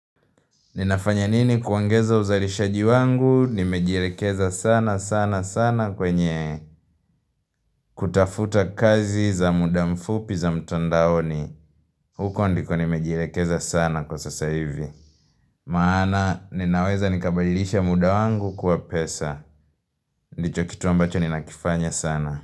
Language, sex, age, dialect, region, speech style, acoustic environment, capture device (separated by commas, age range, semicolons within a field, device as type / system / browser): Swahili, male, 18-24, Tanzania - Dar es Salaam, Tanzania, happy; relaxed, nature, mobile / Linux / Chrome